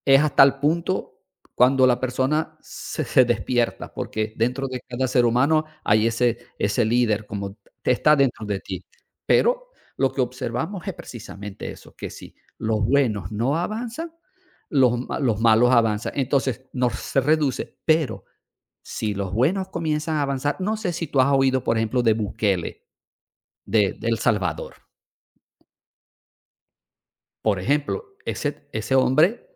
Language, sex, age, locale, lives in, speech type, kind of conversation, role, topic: Spanish, male, 55-59, es-VE, Portugal, conversation, unstructured, none, ¿Crees que la corrupción afecta mucho a nuestro país?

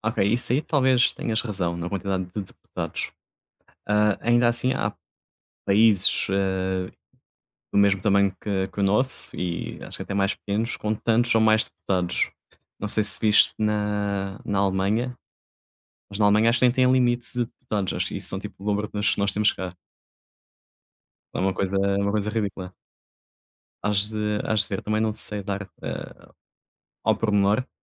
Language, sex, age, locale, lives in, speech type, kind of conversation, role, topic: Portuguese, male, 30-34, pt-PT, Portugal, conversation, unstructured, none, O que você acha da importância do voto nas eleições?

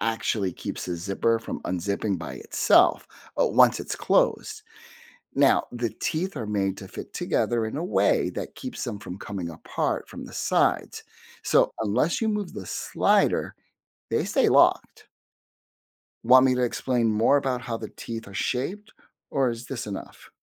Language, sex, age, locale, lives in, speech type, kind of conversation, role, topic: English, male, 50-54, en-US, United States, read, expert-assistant, expert, How does a zipper work?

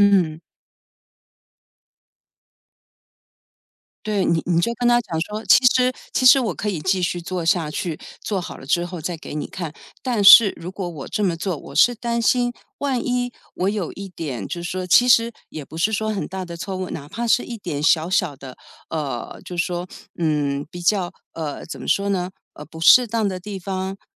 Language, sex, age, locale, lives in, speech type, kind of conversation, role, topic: Chinese, female, 55-59, zh-TW, United States, conversation, podcast, host, 遇到职业倦怠时，你会如何调整自己？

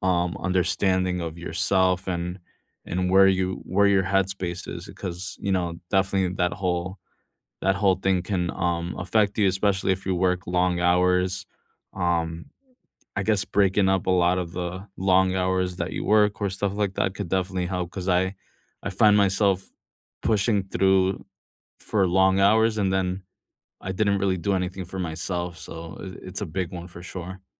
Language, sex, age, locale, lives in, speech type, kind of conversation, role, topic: English, male, 35-39, en-US, United States, conversation, unstructured, none, What simple rituals help you recharge after a draining day, and how can we support each other?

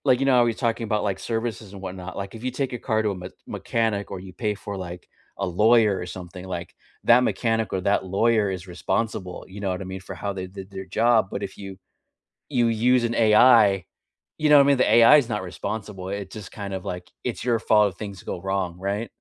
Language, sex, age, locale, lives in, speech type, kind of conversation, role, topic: English, male, 45-49, en-US, United States, conversation, unstructured, none, How has technology changed the way people learn?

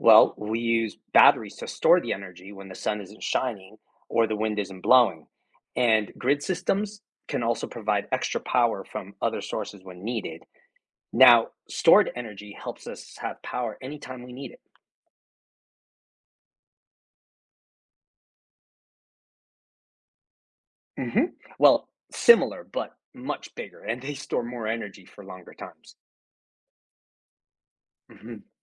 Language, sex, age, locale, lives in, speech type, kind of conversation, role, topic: English, male, 50-54, en-US, United States, read, expert-assistant, expert, How do renewable energy sources like solar and wind power work?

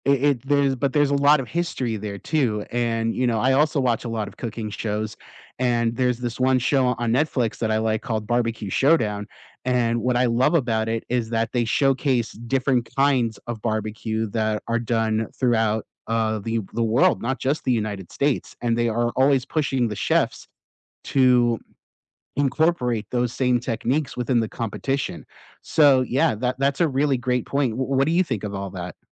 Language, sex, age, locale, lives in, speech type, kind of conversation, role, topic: English, male, 35-39, en-US, United States, conversation, unstructured, none, When traveling with people who prefer different paces, how do you keep everyone happy?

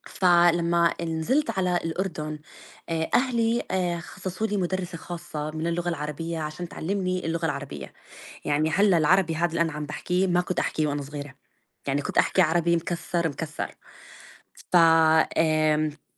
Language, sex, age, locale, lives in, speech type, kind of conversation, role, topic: Arabic, female, 35-39, ar-JO, United States, conversation, unstructured, none, إيه دور القراية في إنها تطوّر مهارات الكتابة عند الطلبة؟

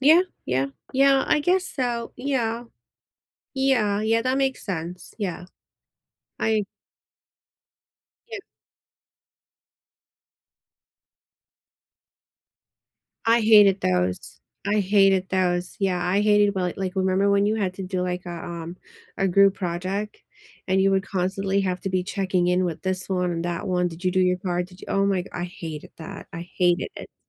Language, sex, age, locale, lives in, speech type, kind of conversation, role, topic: English, female, 40-44, en-US, United States, conversation, unstructured, none, Do you prefer learning alone or with others?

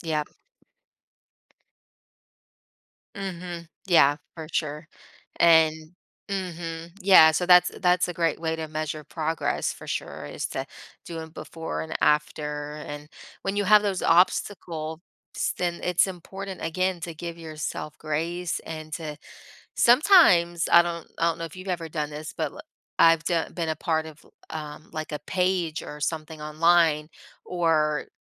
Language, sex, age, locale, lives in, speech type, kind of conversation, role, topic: English, female, 30-34, en-US, United States, conversation, unstructured, none, What motivates you to keep working toward your personal growth goals?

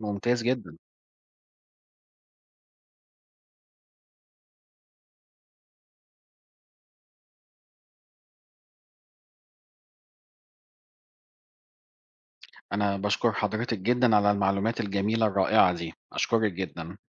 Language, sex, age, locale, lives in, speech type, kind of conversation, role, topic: Arabic, male, 40-44, ar-EG, Portugal, read, expert-assistant, asker, ما أهمية تنظيم الوقت لتحقيق الأهداف الشخصية؟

